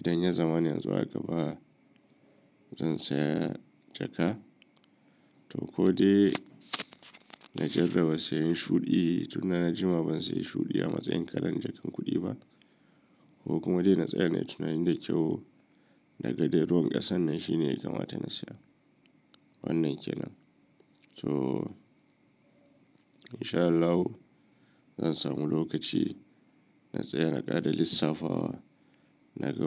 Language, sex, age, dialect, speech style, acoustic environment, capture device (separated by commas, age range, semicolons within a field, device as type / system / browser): Hausa, male, 35-44, Nigeria - Kano, anxious; nervous; excited; stressed, silence, mobile / Linux / Chrome